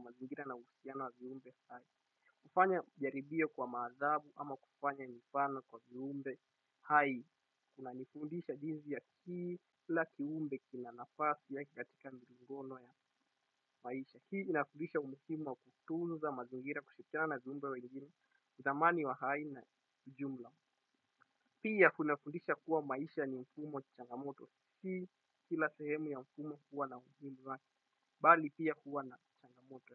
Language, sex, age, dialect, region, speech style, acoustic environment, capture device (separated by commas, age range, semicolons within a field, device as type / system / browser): Swahili, male, 18-24, Kenya - Mombasa, Kenya, happy, people talking, desktop / Windows / Chrome